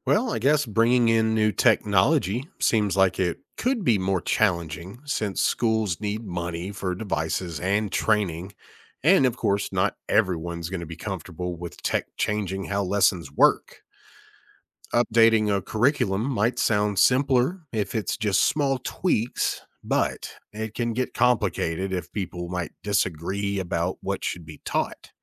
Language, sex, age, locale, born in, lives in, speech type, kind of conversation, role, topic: English, male, 40-44, en-US, United States, United States, read, expert-assistant, asker, How can I develop a deeper understanding of how educational systems evolve over time?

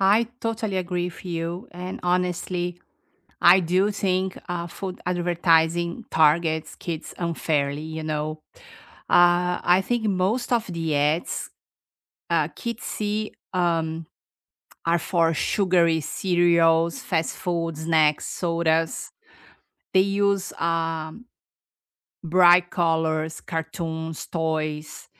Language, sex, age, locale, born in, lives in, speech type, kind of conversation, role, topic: English, female, 50-54, en-BR, Brazil, United States, conversation, unstructured, none, Do you think food advertising targets kids unfairly?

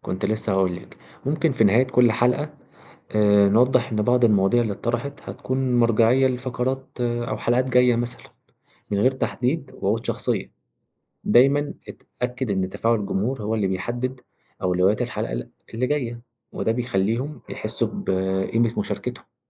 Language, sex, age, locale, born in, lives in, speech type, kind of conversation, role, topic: Arabic, male, 20-24, ar-EG, Egypt, Egypt, read, expert-assistant, expert, إزاي نقدر نخلي برامج الراديو والتلفزيون أكتر جذب للناس اللي بتتفرج أو بتسمع؟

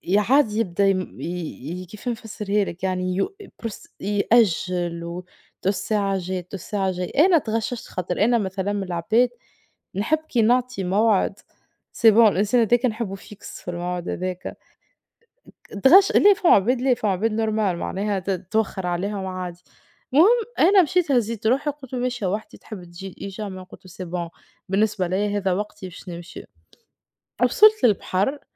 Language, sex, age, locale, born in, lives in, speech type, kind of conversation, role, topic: Arabic, female, 25-29, ar-TN, Tunisia, Portugal, conversation, unstructured, none, إزاي وسائل التواصل الاجتماعي بتأثر على علاقاتنا اليومية؟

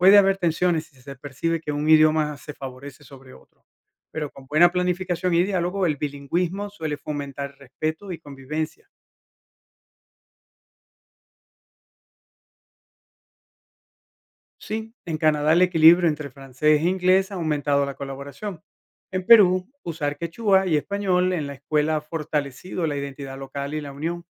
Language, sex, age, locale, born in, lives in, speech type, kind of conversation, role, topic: Spanish, male, 50-54, es-VE, Venezuela, United States, read, expert-assistant, expert, ¿Qué es un dialecto y en qué se diferencia de una lengua?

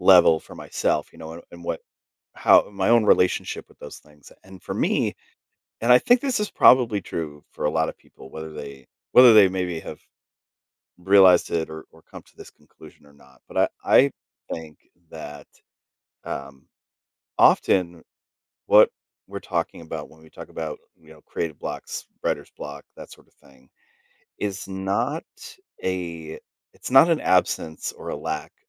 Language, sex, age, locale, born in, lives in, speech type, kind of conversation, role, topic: English, male, 40-44, en-US, United States, United States, conversation, podcast, guest, What strategies help you stay motivated and overcome creative challenges?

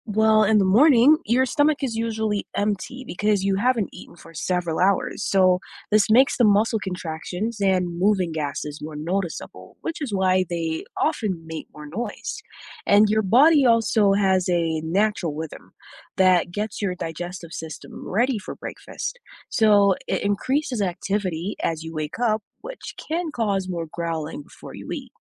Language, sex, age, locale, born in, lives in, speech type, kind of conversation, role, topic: English, male, 25-29, en-US, Jamaica, United States, read, expert-assistant, expert, Why does the stomach growl when hungry?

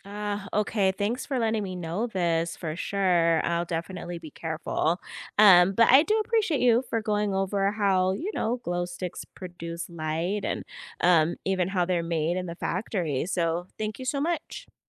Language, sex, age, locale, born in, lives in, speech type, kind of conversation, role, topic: English, female, 40-44, en-US, United States, United States, read, expert-assistant, asker, How do glow sticks produce light?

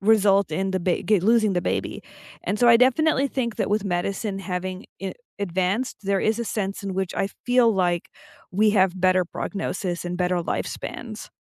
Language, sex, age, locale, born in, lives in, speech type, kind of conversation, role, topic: English, female, 25-29, en-US, United States, United States, conversation, unstructured, none, What was life like 100 years ago?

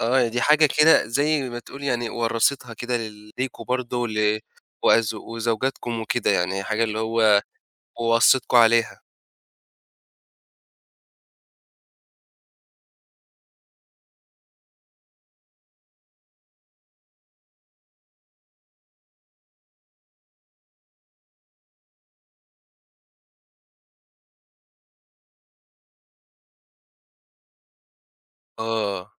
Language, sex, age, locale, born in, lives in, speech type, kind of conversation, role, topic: Arabic, male, 20-24, ar-EG, Egypt, Egypt, conversation, podcast, host, إيه الطقوس اليومية اللي بتخلي البيت دافي وحميمي؟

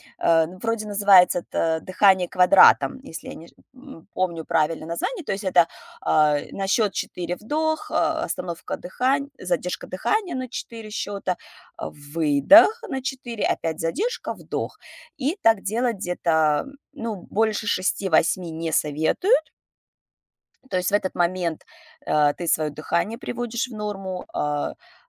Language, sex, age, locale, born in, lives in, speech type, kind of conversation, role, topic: Russian, female, 35-39, ru-RU, Russia, United States, conversation, podcast, guest, Каким простым дыхательным упражнением можно заняться на природе?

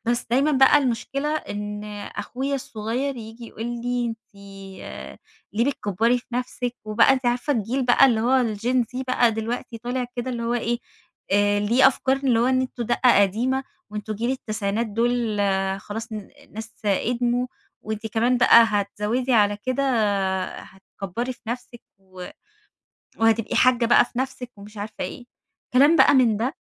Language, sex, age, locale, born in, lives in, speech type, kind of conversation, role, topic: Arabic, female, 30-34, ar-EG, Egypt, Egypt, conversation, advice, user, إزاي أوصف ترددي إني أجرّب ستايل أو شكل جديد عشان خايف من الانتقاد؟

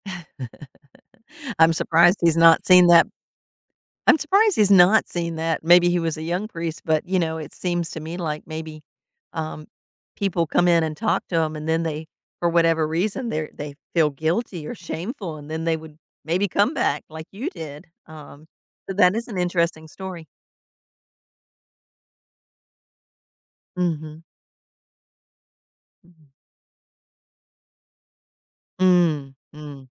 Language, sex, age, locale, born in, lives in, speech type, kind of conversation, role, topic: English, female, 55-59, en-US, United States, United States, conversation, podcast, host, How do religious beliefs shape the way people live and make everyday choices?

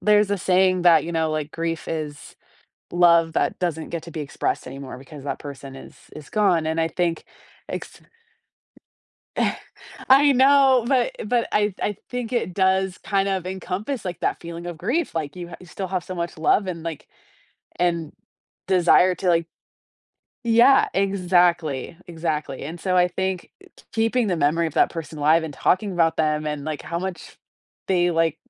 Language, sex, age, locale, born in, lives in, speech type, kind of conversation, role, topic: English, female, 30-34, en-US, United States, United States, conversation, podcast, guest, What helps families stay connected and support each other through grief?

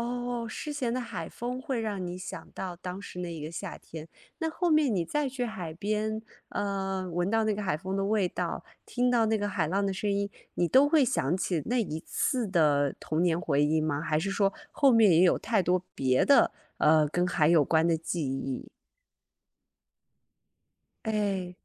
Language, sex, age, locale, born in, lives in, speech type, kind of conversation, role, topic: Chinese, female, 30-34, zh-CN, China, United States, conversation, podcast, host, 走在海边听浪声时你会想到什么？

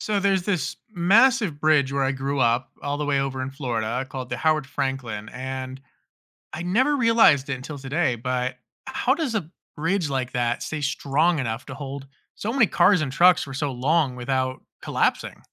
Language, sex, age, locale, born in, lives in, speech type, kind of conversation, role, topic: English, male, 30-34, en-US, United States, United States, read, expert-assistant, asker, How do bridges stay strong and support heavy loads?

